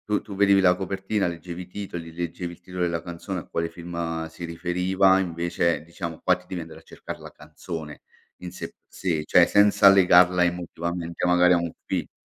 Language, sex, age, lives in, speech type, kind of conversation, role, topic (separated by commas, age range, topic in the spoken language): Italian, male, 45-49, Italy, conversation, podcast, host, Quanto conta la colonna sonora in un film?